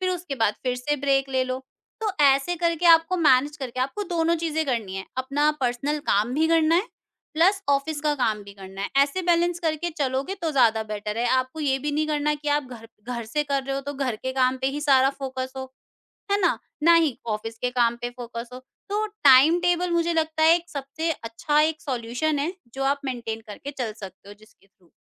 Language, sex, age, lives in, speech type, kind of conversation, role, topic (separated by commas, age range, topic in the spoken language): Hindi, female, 50-54, India, conversation, podcast, guest, Work-life balance maintain karne ke best tips kya hain?